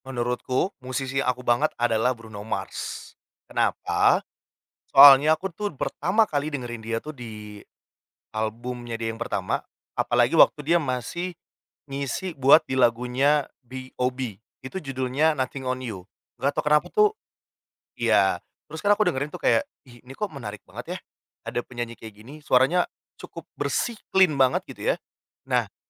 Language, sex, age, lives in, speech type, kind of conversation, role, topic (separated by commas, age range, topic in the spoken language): Indonesian, male, 30-34, Indonesia, conversation, podcast, guest, Siapa musisi yang membuatmu merasa, "ini aku banget"?